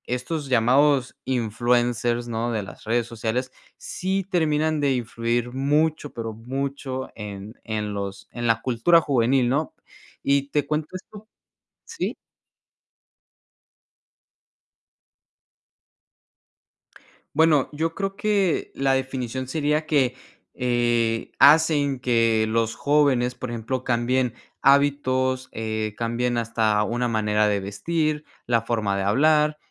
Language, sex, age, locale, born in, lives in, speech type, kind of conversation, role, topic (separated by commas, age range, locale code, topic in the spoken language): Spanish, male, 20-24, es-MX, Mexico, United States, conversation, podcast, guest, ¿Cuál es la influencia real de los influencers en la cultura juvenil?